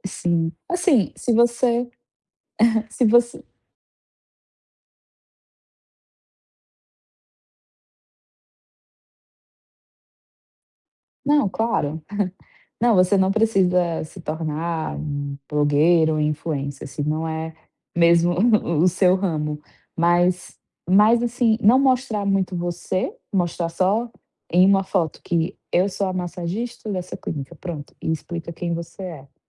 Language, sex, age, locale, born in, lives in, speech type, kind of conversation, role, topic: Portuguese, female, 25-29, pt-BR, Brazil, Portugal, conversation, advice, advisor, Como posso atrair meus primeiros clientes para o meu negócio?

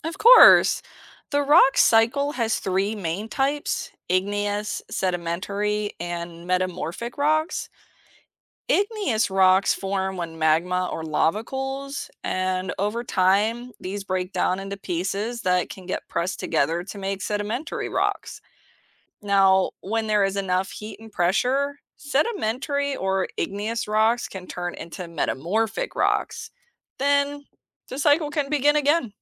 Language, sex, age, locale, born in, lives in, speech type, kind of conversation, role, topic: English, female, 35-39, en-US, United States, United States, read, expert-assistant, expert, What are the main stages of the rock cycle?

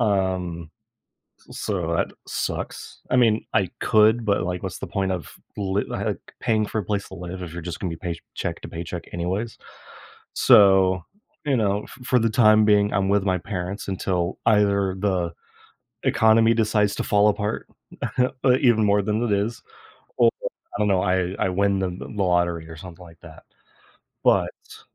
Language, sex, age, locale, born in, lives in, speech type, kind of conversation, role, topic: English, male, 25-29, en-US, United States, United States, conversation, unstructured, none, How can one find purpose when an old life plan stops fitting?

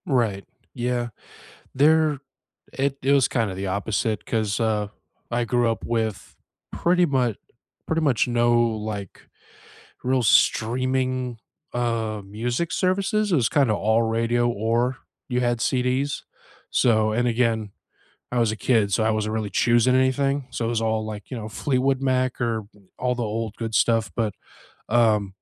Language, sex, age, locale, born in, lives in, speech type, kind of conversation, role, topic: English, male, 35-39, en-US, United States, United States, conversation, unstructured, none, What road trip routes do you recommend, which playlists go with them, and why do they matter to you?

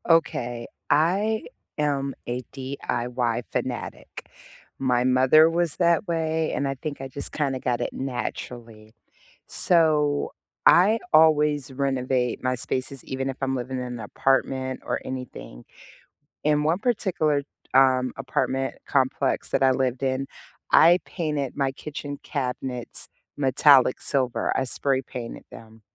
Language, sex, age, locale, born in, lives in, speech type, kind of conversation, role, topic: English, female, 40-44, en-US, United States, United States, conversation, unstructured, none, What budget-friendly ways have you found to make your kitchen refresh truly pop?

